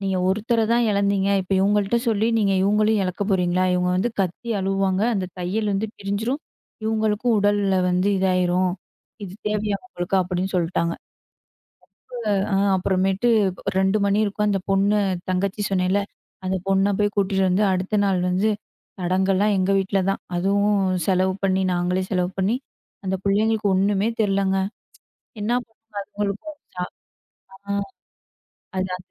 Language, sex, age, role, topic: Tamil, female, 25-29, guest, நீங்கள் மறக்க முடியாத ஒரு குடும்பக் கதையை எனக்குச் சொல்ல முடியுமா?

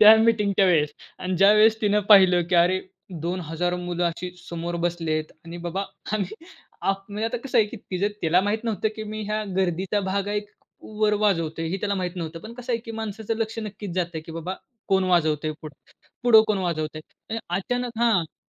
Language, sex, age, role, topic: Marathi, male, 20-24, guest, नवीन छंद कसे शोधता?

